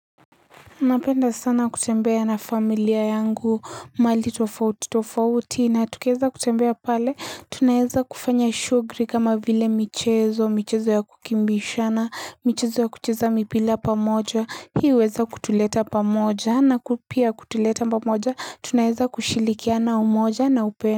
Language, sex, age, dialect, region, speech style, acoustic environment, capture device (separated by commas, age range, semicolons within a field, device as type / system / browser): Swahili, female, 18-24, Kenya - Mombasa, Kenya, happy, people talking, mobile / Linux / Chrome